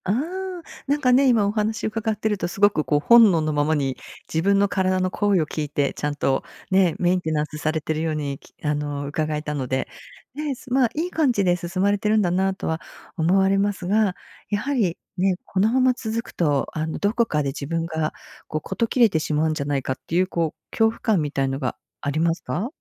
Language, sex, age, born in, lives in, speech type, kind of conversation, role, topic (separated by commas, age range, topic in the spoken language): Japanese, female, 55-59, Japan, United States, conversation, advice, advisor, 休息と成長への欲求をうまく両立するにはどうすればよいですか？